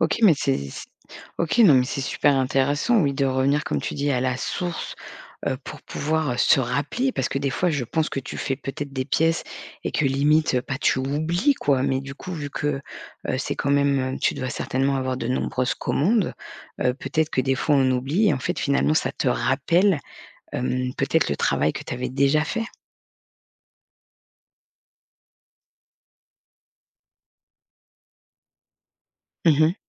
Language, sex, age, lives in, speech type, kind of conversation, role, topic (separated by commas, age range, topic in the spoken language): French, female, 40-44, France, conversation, podcast, host, Comment combats-tu le blocage créatif ?